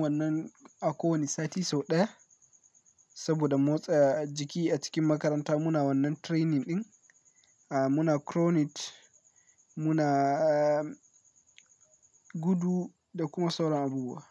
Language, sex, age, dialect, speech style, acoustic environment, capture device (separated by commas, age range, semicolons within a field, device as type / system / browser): Hausa, male, 18-24, Nigeria - Kano, focused, nature; silence, mobile / Linux / Chrome